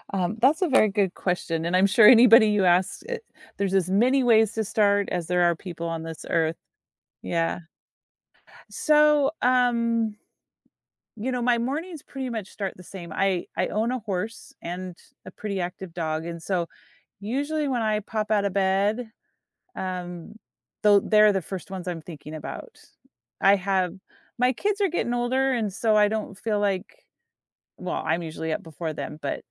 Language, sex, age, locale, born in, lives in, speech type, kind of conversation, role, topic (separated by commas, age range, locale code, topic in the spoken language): English, female, 50-54, en-US, United States, United States, conversation, podcast, guest, What habits or routines help you start your day on a positive note?